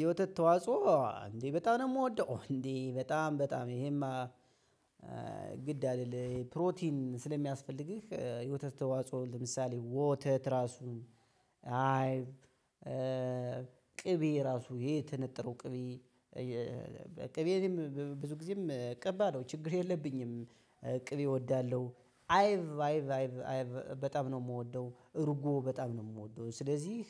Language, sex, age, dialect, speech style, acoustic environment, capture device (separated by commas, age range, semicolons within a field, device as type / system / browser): Amharic, male, 25-34, Ethiopia - Gojjam, happy; excited, people talking; nature, mobile / Linux / Chrome